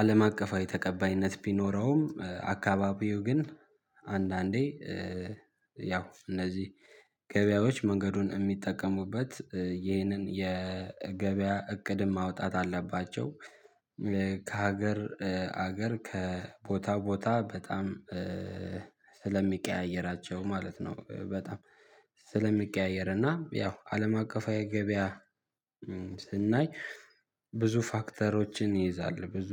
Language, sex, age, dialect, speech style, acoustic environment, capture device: Amharic, male, 18-24, Ethiopia - Addis Ababa, happy; excited, silence, mobile / Linux / Chrome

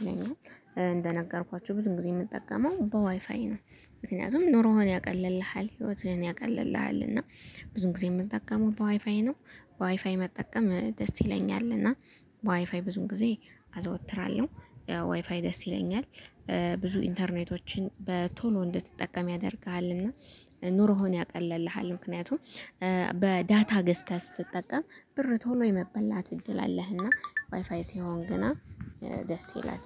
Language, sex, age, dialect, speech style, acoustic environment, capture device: Amharic, female, 25-34, Ethiopia - Gondar, focused, nature, mobile / Linux / Chrome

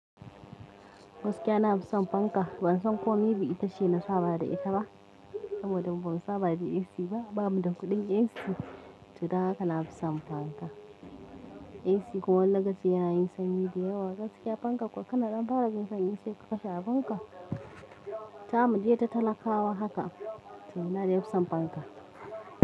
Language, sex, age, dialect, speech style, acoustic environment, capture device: Hausa, female, 25-34, Nigeria - Kano, happy, music; people talking, mobile / Linux / Chrome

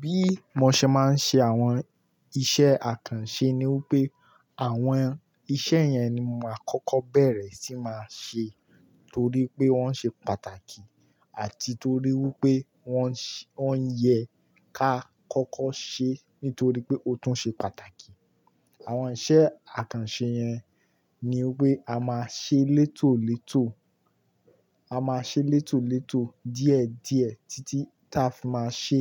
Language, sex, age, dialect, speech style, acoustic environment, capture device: Yoruba, male, 18-24, Nigeria - Kano, focused, nature, mobile / Linux / Chrome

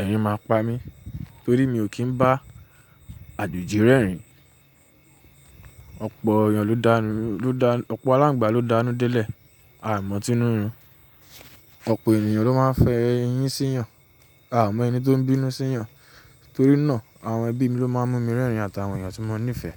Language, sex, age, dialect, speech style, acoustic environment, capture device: Yoruba, male, 25-34, Nigeria - Nigerian Standard, relaxed, silence, mobile / Linux / Chrome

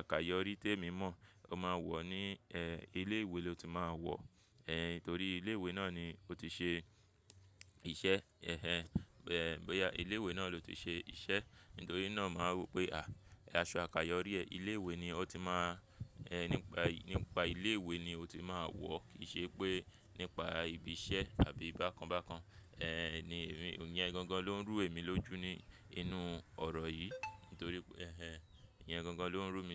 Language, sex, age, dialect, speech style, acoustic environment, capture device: Yoruba, male, 25-34, Nigeria - Lagos Yoruba, focused, traffic; people talking, mobile / Linux / Chrome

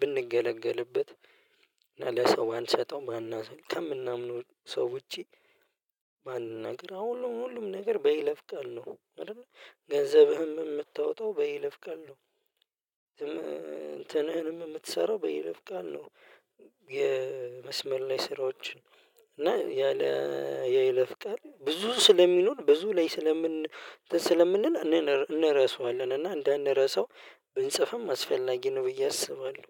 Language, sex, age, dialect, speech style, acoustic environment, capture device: Amharic, male, 25-34, Ethiopia - Addis Ababa, happy; relaxed, silence; nature, mobile / Linux / Chrome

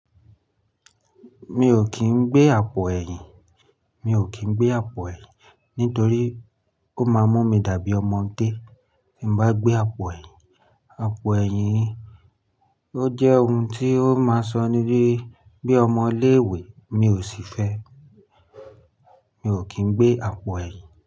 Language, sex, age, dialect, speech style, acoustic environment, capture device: Yoruba, male, 25-34, Nigeria - Lagos Yoruba, happy, nature; silence, mobile / Linux / Chrome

